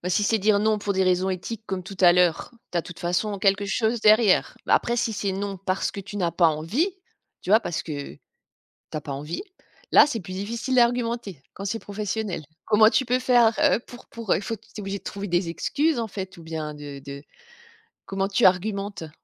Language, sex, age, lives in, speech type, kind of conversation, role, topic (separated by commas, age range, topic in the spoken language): French, female, 45-49, France, conversation, podcast, host, Qu’est-ce qui t’a appris à dire non sans culpabiliser ?